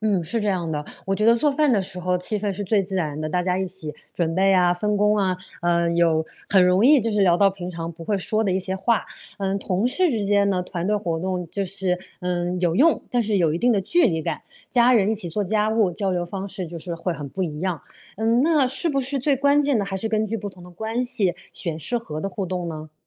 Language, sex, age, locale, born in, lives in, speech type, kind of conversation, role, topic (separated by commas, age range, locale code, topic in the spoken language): Chinese, female, 35-39, zh-CN, China, United States, read, expert-assistant, asker, 碰到朋友误会你时，有什么简单有效的方法可以让大家重新理解彼此？